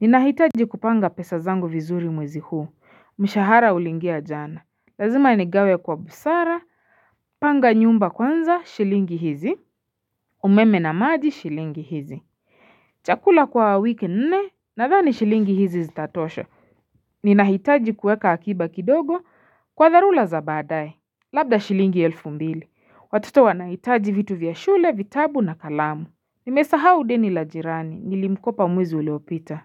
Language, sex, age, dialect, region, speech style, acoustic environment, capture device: Swahili, female, 25-34, Kenya - Nairobi Sheng-influenced, Kenya, relaxed, silence, mobile / Linux / Chrome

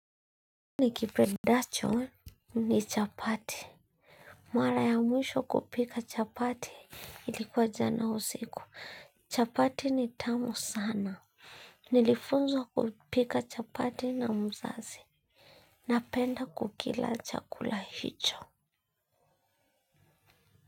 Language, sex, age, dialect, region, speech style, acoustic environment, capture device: Swahili, female, 25-34, Kenya - Nairobi Sheng-influenced, Kenya, happy, nature, mobile / Linux / Chrome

